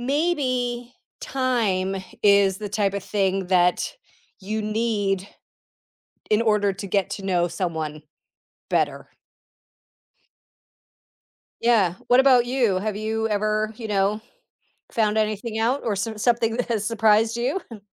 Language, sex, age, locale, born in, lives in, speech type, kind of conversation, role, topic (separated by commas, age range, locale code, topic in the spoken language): English, female, 50-54, en-CA, Canada, United States, conversation, unstructured, none, How can I stay curious about people I already know?